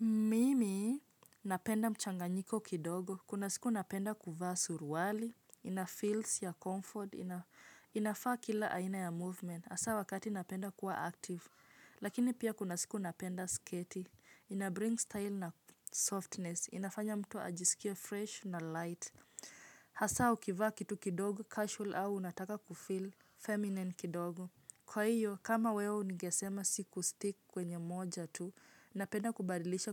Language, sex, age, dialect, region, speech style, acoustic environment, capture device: Swahili, female, 25-34, Kenya - Nairobi Sheng-influenced, Kenya, relaxed, nature; silence, mobile / Linux / Chrome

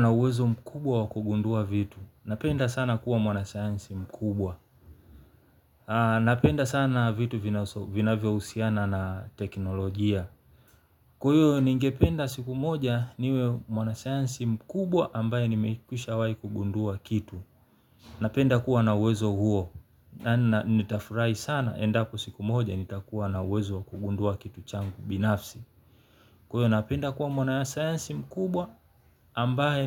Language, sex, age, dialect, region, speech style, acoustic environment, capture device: Swahili, male, 35-44, Tanzania - Dar es Salaam, Tanzania, happy; relaxed; focused, nature, mobile / Linux / Chrome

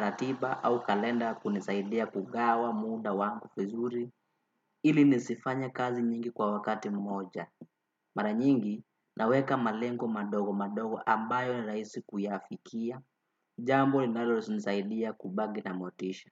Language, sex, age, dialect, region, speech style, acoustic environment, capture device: Swahili, male, 18-24, Kenya - Nairobi Sheng-influenced, Kenya, happy, people talking, desktop / Windows / Chrome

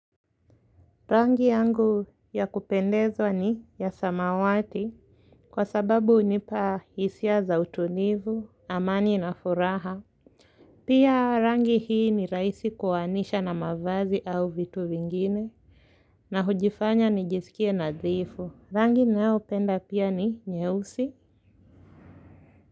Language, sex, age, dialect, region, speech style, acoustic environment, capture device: Swahili, female, 18-24, Kenya - Nairobi Sheng-influenced, Kenya, relaxed, silence, mobile / Linux / Chrome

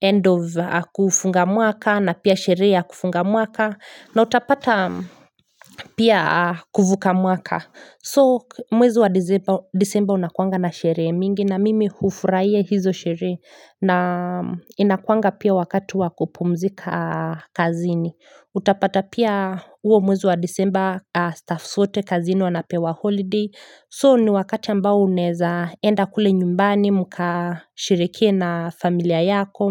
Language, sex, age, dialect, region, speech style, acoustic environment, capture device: Swahili, female, 25-34, Kenya - Nairobi Sheng-influenced, Kenya, happy; focused; relaxed, people talking, mobile / Linux / Chrome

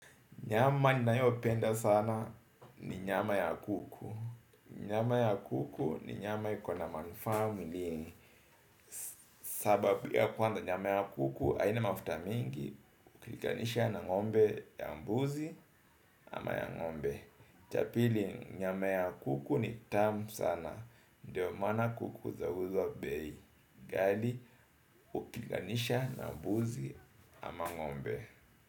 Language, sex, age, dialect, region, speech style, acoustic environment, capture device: Swahili, male, 35-44, Kenya - Mombasa, Kenya, happy, people talking, desktop / Windows / Edge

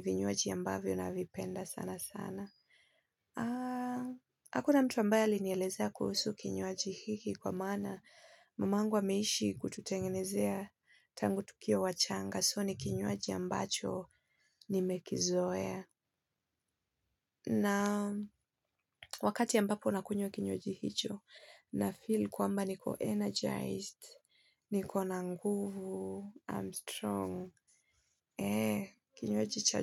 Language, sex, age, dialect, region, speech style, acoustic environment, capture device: Swahili, female, 25-34, Kenya - Nairobi Sheng-influenced, Kenya, happy, silence, mobile / Linux / Chrome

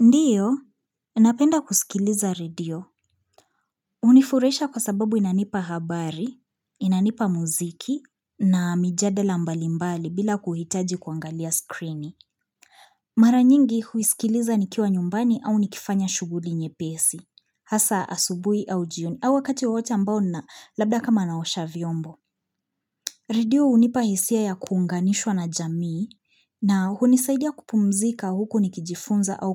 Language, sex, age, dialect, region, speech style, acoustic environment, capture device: Swahili, female, 25-34, Kenya - Nairobi Sheng-influenced, Kenya, focused; happy, people talking; appliances, mobile / Linux / Chrome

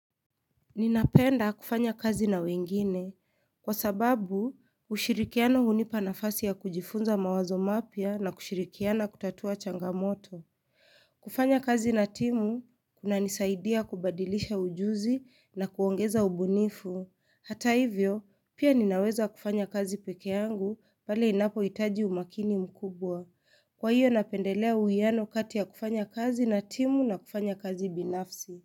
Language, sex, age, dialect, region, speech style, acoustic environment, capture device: Swahili, female, 25-34, Kenya - Nairobi Sheng-influenced, Kenya, relaxed, silence, mobile / Linux / Chrome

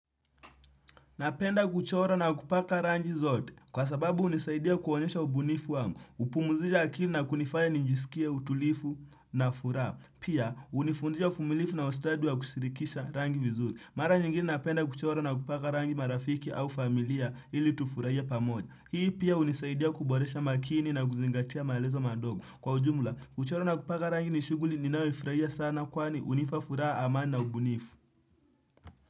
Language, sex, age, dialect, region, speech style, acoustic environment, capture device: Swahili, male, 18-24, Kenya - Mombasa, Kenya, happy, silence, desktop / Windows / Chrome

